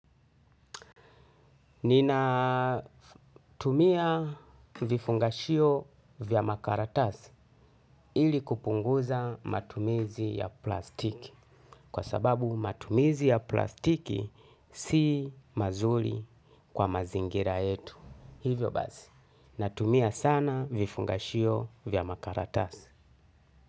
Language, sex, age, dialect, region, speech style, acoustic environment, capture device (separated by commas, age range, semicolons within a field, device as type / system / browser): Swahili, male, 35-44, Kenya - Mombasa, Kenya, happy, people talking, desktop / Linux / Chrome